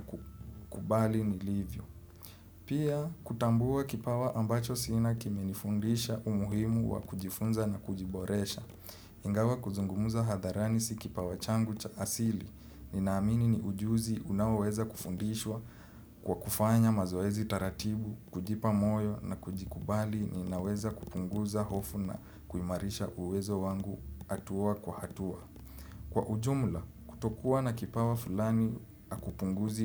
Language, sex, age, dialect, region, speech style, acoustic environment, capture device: Swahili, male, 25-34, Kenya - Nairobi Sheng-influenced, Kenya, relaxed, silence, desktop / Windows / Chrome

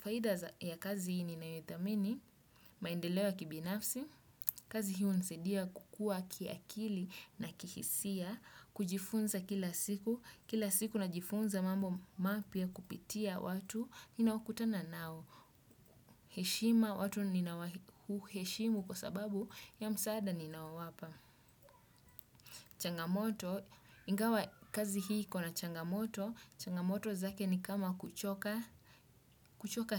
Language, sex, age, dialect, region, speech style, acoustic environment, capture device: Swahili, male, 25-34, Kenya - Mombasa, Kenya, focused, silence, desktop / Windows / Chrome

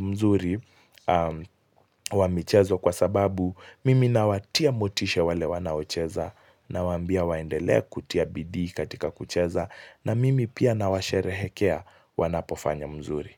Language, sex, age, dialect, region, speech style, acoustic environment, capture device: Swahili, male, 18-24, Kenya - Mombasa, Kenya, focused, silence, mobile / Linux / Chrome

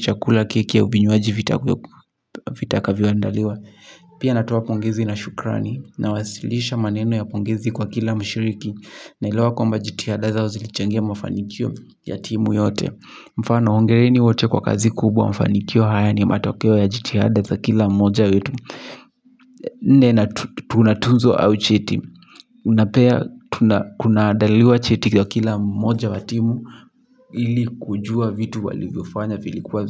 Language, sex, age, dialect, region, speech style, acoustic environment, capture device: Swahili, male, 18-24, Kenya - Kiambu, Kenya, anxious, silence, mobile / Linux / Chrome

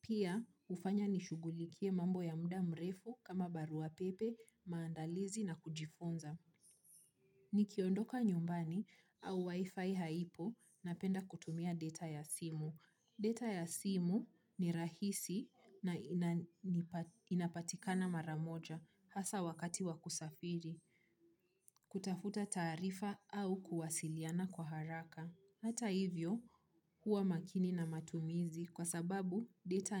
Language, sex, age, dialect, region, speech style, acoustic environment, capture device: Swahili, female, 25-34, Kenya - Nairobi Sheng-influenced, Kenya, happy, nature, mobile / Linux / Chrome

